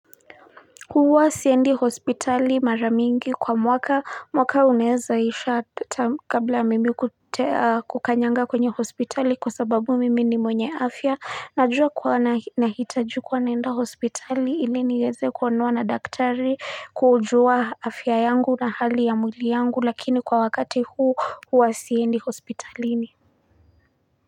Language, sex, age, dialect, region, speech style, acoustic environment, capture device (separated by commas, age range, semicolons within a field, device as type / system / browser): Swahili, female, 25-34, Kenya - Nairobi Sheng-influenced, Kenya, focused; happy, nature, mobile / Linux / Chrome